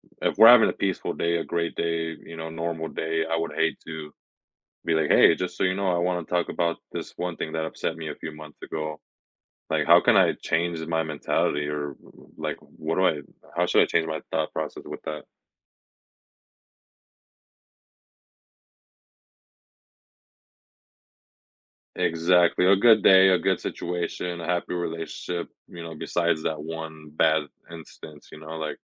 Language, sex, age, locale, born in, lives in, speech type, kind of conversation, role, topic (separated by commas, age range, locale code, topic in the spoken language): English, male, 25-29, en-US, United States, United States, conversation, advice, user, How can I tell someone what's bothering me without starting an argument?